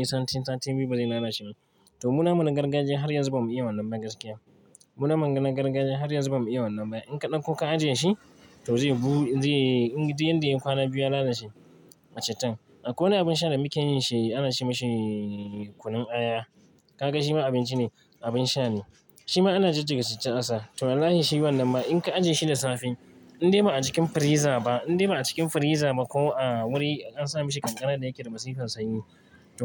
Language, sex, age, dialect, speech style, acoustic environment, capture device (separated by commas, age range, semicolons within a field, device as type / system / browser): Hausa, male, 25-34, Nigeria - Kano, excited, traffic, mobile / Linux / Chrome